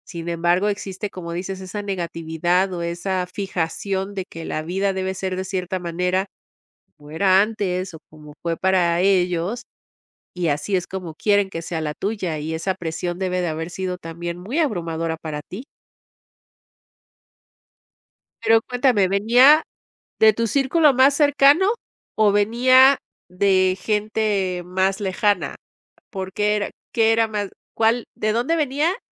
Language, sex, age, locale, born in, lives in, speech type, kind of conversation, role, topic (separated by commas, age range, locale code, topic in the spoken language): Spanish, female, 50-54, es-MX, Mexico, Mexico, conversation, podcast, host, ¿Cómo manejas la presión social al tomar decisiones personales?